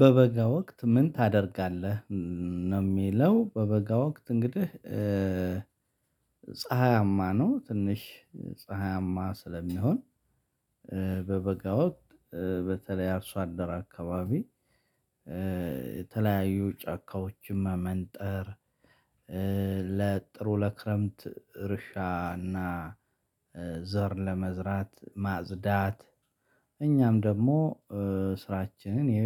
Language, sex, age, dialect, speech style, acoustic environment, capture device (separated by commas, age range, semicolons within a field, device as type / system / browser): Amharic, male, 35-44, Ethiopia - Addis Ababa, excited, silence, mobile / Linux / Chrome